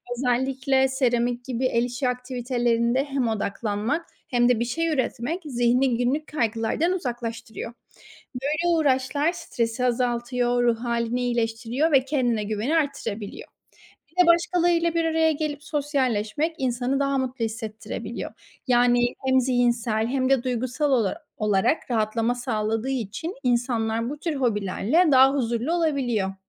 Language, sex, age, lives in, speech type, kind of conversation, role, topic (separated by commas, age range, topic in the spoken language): Turkish, female, 25-29, Spain, read, expert-assistant, expert, Yaratıcı hobiler insanı neden daha mutlu ve huzurlu yapar mı?